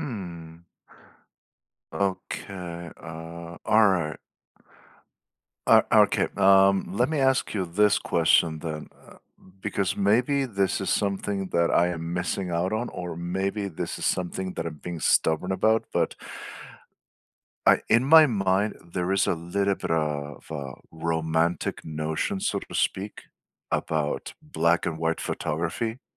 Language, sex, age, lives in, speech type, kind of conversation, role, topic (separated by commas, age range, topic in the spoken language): English, male, 45-49, United States, conversation, unstructured, none, What hobby would you love to pick up this year?